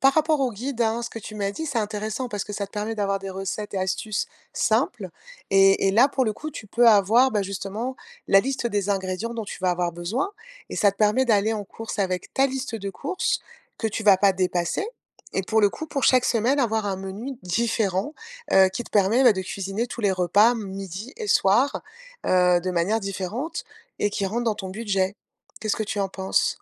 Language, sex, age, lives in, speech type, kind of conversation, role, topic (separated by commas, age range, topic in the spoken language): French, female, 50-54, France, conversation, advice, advisor, Comment cuisiner sainement chaque semaine avec un budget limité ?